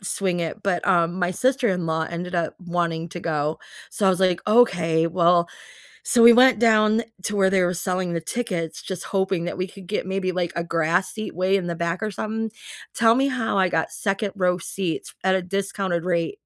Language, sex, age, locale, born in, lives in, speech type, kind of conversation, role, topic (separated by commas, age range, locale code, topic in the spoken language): English, female, 50-54, en-US, United States, United States, conversation, unstructured, none, Which live shows gave you goosebumps, and what made those moments unforgettable?